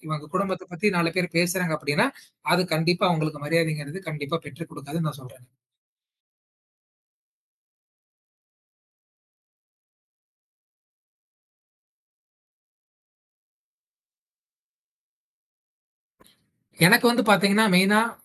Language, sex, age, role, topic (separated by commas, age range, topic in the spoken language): Tamil, male, 20-24, guest, சமுதாயத்தில் பரஸ்பர மரியாதையை நாம் எப்படி வளர்த்தெடுக்கலாம்?